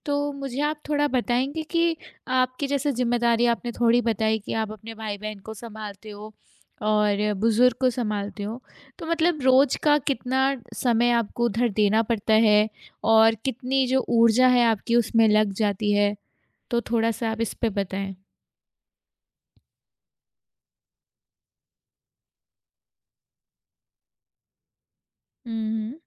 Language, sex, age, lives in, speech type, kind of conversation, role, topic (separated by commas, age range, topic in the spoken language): Hindi, female, 25-29, India, conversation, advice, advisor, आप अपने परिवार की जिम्मेदारियों और अपनी इच्छाओं के बीच होने वाले टकराव को कैसे संभालते हैं?